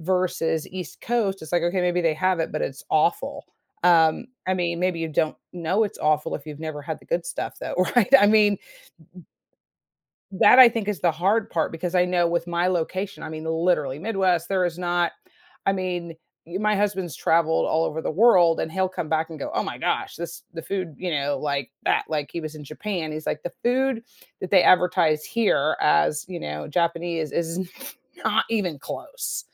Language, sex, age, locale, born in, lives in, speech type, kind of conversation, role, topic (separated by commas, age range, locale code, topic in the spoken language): English, female, 50-54, en-US, United States, United States, conversation, podcast, host, How does the food you love reflect your personal experiences and cultural background?